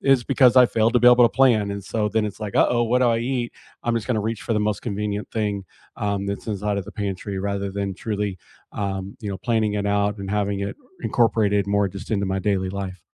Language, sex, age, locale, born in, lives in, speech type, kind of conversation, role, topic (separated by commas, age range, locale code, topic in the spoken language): English, male, 40-44, en-US, United States, United States, conversation, unstructured, none, What is one skill you want to learn soon?